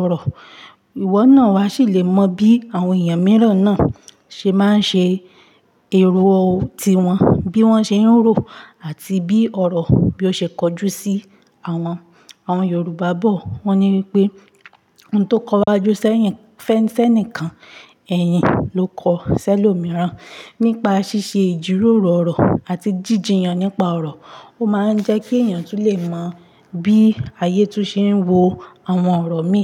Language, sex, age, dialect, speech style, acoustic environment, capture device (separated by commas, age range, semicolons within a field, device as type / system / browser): Yoruba, female, 25-34, Nigeria - Ibadan, relaxed, silence, mobile / Linux / Chrome